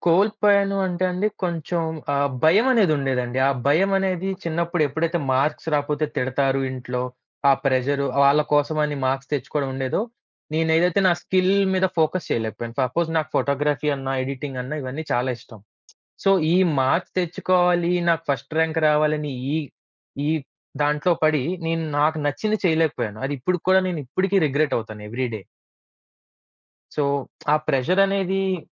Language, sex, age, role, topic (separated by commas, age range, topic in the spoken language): Telugu, male, 25-29, guest, కుటుంబంలో ఉన్న వాతావరణం మీకు చదువు ఆనందంగా అనిపించేందుకు ఎలా సహాయపడింది?